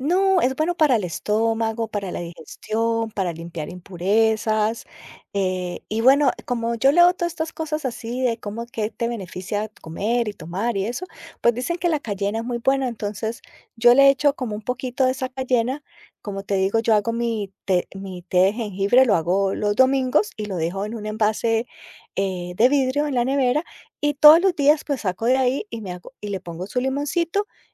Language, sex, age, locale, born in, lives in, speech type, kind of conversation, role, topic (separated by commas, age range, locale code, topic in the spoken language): Spanish, female, 50-54, es-CO, Colombia, United States, conversation, podcast, guest, ¿Qué rutinas matutinas te ayudan a empezar bien el día?